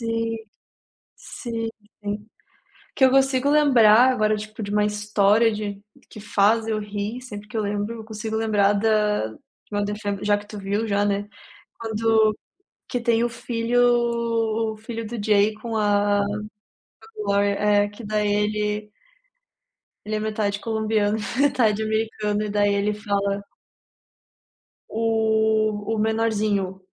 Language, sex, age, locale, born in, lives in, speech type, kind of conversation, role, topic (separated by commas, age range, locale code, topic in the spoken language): Portuguese, female, 25-29, pt-BR, Brazil, Italy, conversation, unstructured, none, Que história de filme faz você rir sempre que se lembra dela?